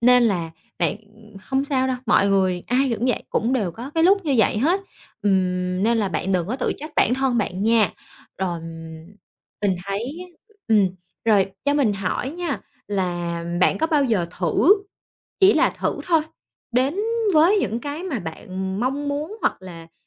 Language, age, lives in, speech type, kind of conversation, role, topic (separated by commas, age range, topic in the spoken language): Vietnamese, 30-34, Vietnam, conversation, advice, advisor, Bạn cảm thấy thế nào khi cuộc sống cứ lặp đi lặp lại và bạn dần mất đi nhiệt huyết?